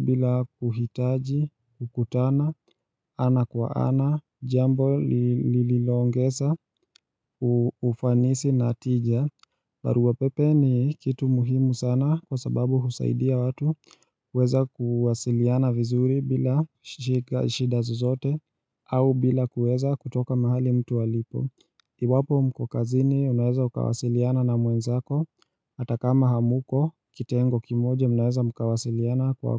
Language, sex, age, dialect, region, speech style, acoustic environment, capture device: Swahili, male, 25-34, Kenya - Mombasa, Kenya, relaxed, silence, mobile / Linux / Chrome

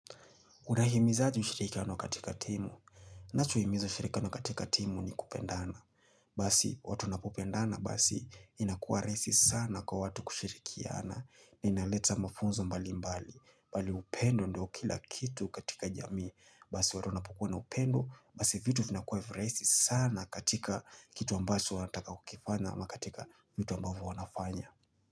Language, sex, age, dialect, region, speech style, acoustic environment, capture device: Swahili, male, 25-34, Kenya - Mombasa, Kenya, relaxed, nature, mobile / Linux / Chrome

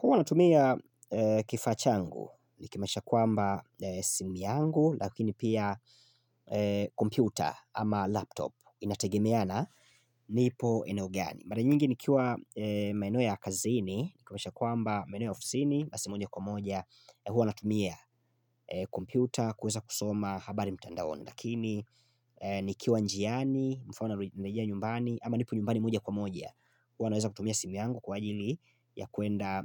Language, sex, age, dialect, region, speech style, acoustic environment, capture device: Swahili, male, 25-34, Tanzania - Dar es Salaam, Tanzania, focused; excited; happy, silence, mobile / Linux / Chrome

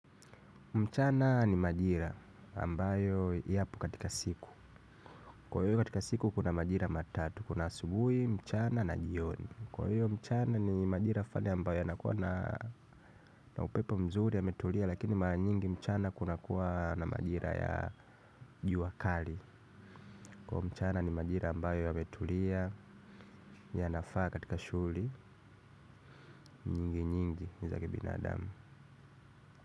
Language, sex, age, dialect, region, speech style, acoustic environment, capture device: Swahili, male, 25-34, Kenya - Nairobi Sheng-influenced, Kenya, happy, nature, mobile / Linux / Chrome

